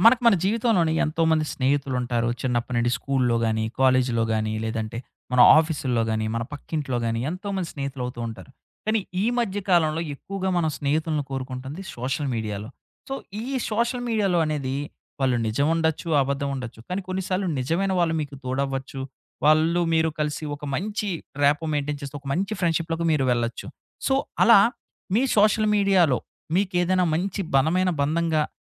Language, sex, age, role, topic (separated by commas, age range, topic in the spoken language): Telugu, male, 30-34, host, సోషల్ మీడియా ద్వారా ఏర్పడే సంబంధాలు నిజంగా బలంగా ఉంటాయని మీకు అనిపిస్తుందా?